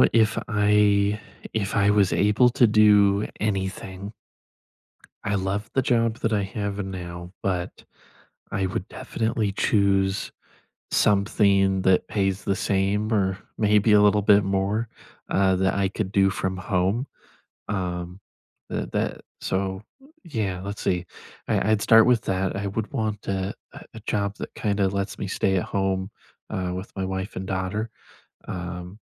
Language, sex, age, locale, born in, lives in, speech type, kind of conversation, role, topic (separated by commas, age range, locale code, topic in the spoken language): English, male, 20-24, en-US, United States, United States, conversation, unstructured, none, If you could start fresh tomorrow, how would you set up your life to support your long-term priorities?